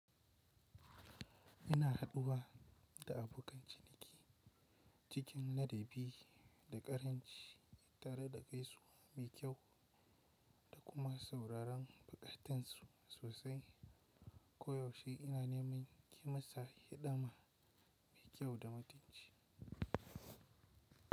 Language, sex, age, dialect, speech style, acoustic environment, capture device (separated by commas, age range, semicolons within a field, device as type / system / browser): Hausa, male, 18-24, Nigeria - Kano, relaxed, silence, mobile / Linux / Chrome